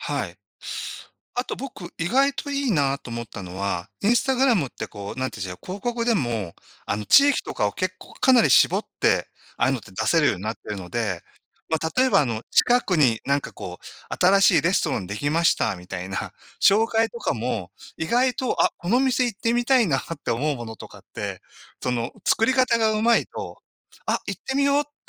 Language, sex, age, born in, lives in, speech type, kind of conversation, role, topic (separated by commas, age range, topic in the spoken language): Japanese, male, 60-64, Japan, Japan, conversation, unstructured, none, SNSの影響は良いと思いますか、それとも悪いと思いますか？